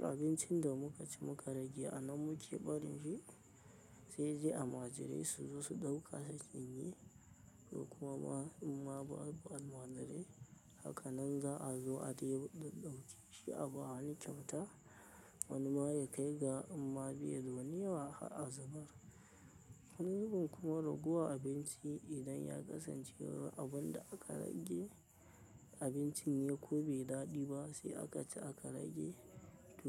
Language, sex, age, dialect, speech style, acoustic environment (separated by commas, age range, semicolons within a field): Hausa, male, 25-34, Nigeria - Kano, relaxed, silence